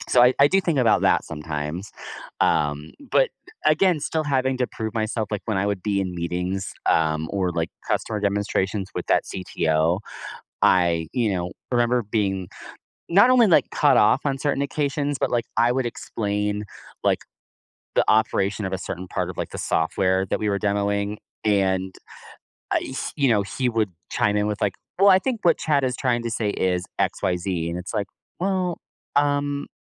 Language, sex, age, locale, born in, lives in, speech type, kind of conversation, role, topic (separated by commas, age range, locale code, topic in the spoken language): English, male, 30-34, en-US, United States, United States, conversation, podcast, guest, What career challenges have you faced?